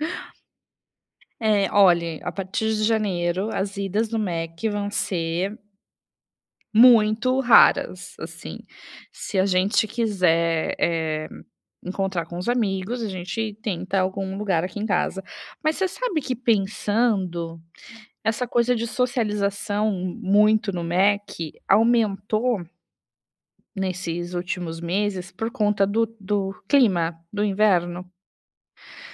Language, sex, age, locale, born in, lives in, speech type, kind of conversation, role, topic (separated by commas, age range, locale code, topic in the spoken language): Portuguese, female, 35-39, pt-BR, Brazil, Italy, conversation, advice, user, Como posso consumir alimentos e mídia de forma mais consciente?